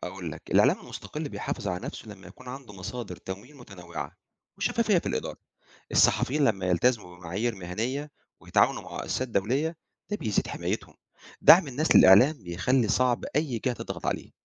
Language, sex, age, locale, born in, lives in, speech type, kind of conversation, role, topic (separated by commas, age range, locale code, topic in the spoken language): Arabic, male, 45-49, ar-EG, Egypt, Egypt, read, expert-assistant, expert, إزاي بتشتغل أنظمة الحكم المختلفة زي النظام البرلماني والنظام الرئاسي؟ وإيه الفرق بينهم في إدارة شؤون الدولة؟